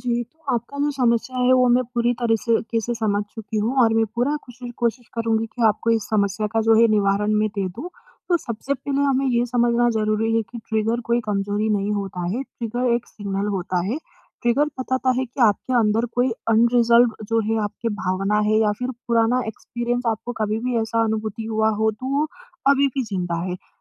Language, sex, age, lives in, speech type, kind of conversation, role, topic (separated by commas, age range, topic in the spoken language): Hindi, female, 20-24, India, conversation, advice, advisor, मैं अपने ट्रिगर्स को कैसे पहचानूं और उनसे प्रभावी ढंग से कैसे निपटूं?